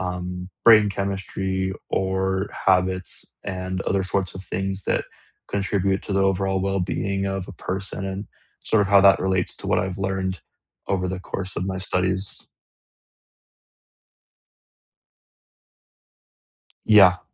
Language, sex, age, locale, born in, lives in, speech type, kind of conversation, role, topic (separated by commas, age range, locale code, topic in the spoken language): English, male, 20-24, en-US, United States, United States, conversation, unstructured, none, What songs, podcasts, and quiet moments make up the soundtrack of your week?